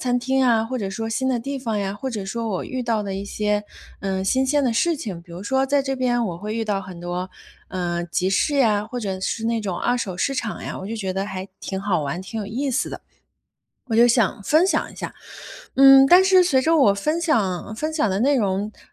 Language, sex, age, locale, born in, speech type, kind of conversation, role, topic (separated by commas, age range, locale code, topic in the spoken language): Chinese, female, 30-34, zh-CN, China, conversation, advice, user, 如何在网络上表达自己又能保护个人隐私？